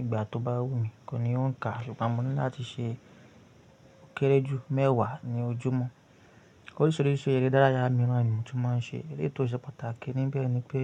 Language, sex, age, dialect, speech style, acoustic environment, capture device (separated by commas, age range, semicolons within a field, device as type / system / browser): Yoruba, male, 25-34, Nigeria - Ibadan, anxious; focused; stressed, nature; silence, mobile / Linux / Chrome